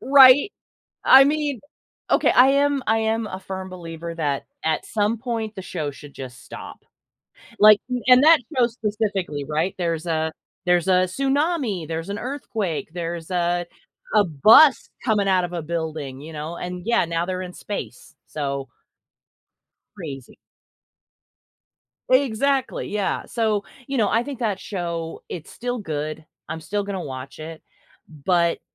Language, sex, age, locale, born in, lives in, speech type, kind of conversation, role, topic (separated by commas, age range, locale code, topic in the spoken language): English, female, 45-49, en-US, United States, United States, conversation, unstructured, none, Why do some TV shows become so popular?